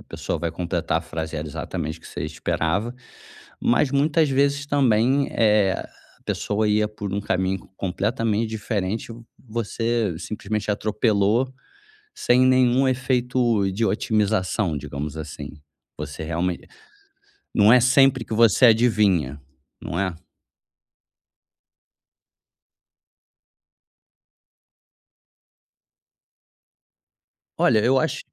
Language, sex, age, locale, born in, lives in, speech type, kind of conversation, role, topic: Portuguese, male, 35-39, pt-BR, Brazil, Germany, conversation, advice, advisor, Como posso parar de reagir automaticamente, sem pensar, quando sou provocado?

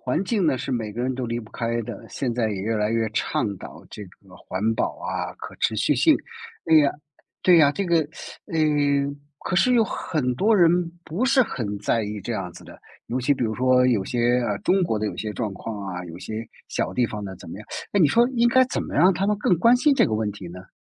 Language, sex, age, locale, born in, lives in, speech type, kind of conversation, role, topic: Chinese, male, 55-59, zh-CN, China, United States, conversation, podcast, host, 怎样才能让更多人关心环境问题？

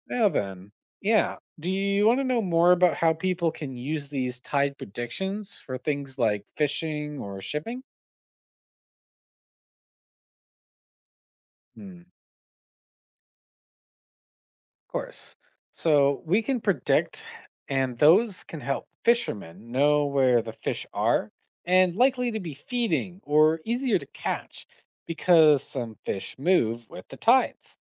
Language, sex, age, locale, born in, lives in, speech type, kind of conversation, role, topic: English, male, 25-29, en-CA, Canada, United States, read, expert-assistant, expert, What factors influence ocean tides and their variations around the world?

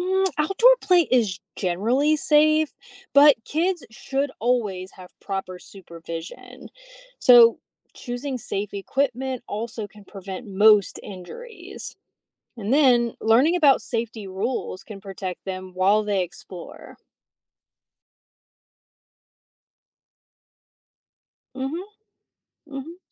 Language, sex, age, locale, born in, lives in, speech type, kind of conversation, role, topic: English, female, 35-39, en-US, United States, United States, read, expert-assistant, expert, What are the benefits of outdoor play for children?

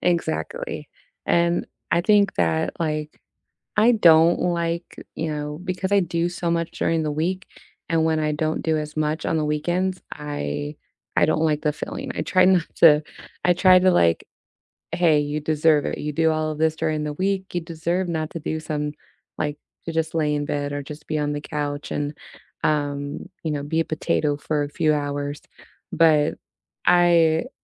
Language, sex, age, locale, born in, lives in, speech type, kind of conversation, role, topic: English, female, 30-34, en-US, United States, United States, conversation, unstructured, none, What does your ideal lazy Sunday look like from start to finish?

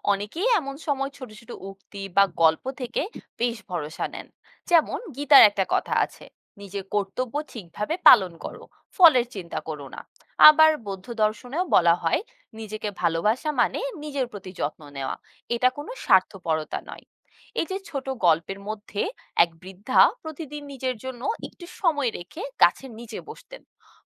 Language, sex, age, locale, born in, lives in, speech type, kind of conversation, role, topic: Bengali, female, 20-24, bn-BD, Bangladesh, Bangladesh, read, expert-assistant, expert, ধর্মীয় দর্শনের অনুপ্রেরণা কীভাবে প্রতিদিনের জীবনে প্রয়োগ করা যায়?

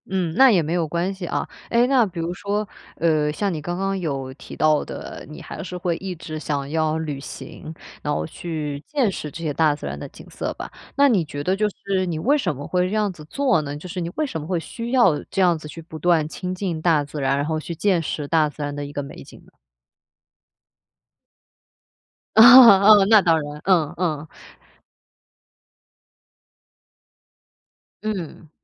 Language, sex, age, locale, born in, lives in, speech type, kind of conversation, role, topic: Chinese, female, 25-29, zh-CN, China, Germany, conversation, podcast, host, 大自然的景色会怎样影响你的情绪？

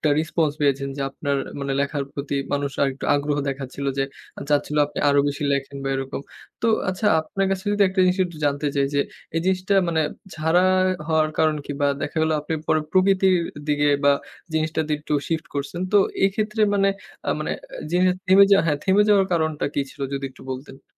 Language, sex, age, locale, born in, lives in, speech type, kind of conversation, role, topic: Bengali, male, 20-24, bn-BD, Bangladesh, Bangladesh, conversation, podcast, host, সৃজনশীলতার বাধা কাটাতে তুমি সাধারণত কী করো?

